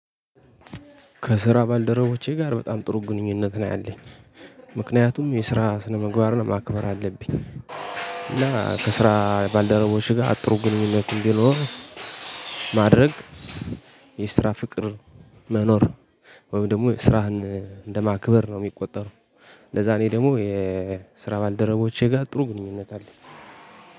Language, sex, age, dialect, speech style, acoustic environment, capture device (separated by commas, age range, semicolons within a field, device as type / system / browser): Amharic, male, 18-24, Ethiopia - Gojjam, happy, people talking, mobile / Linux / Chrome